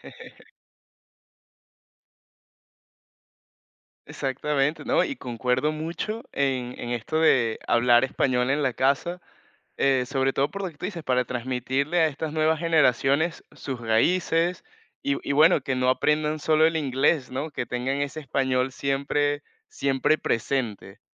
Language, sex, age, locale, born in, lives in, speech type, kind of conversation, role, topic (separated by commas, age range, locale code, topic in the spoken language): Spanish, male, 20-24, es-VE, Venezuela, Portugal, conversation, podcast, host, ¿Qué idioma te hace sentir más tú mismo?